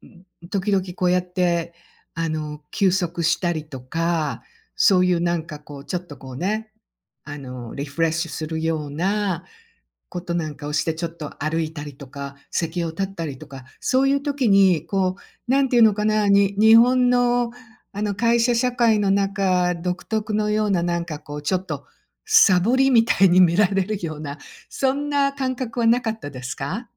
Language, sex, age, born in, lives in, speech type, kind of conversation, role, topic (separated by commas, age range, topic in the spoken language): Japanese, female, 60-64, Japan, United States, conversation, podcast, host, 集中力が切れたとき、どうやって立て直せばいいですか？